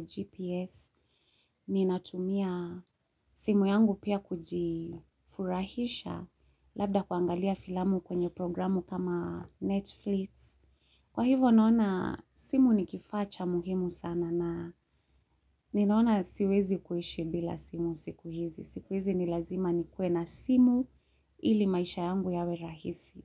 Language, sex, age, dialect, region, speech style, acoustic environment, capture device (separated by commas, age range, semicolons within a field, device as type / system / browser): Swahili, female, 35-44, Kenya - Nairobi Sheng-influenced, Kenya, focused, people talking, mobile / Linux / Chrome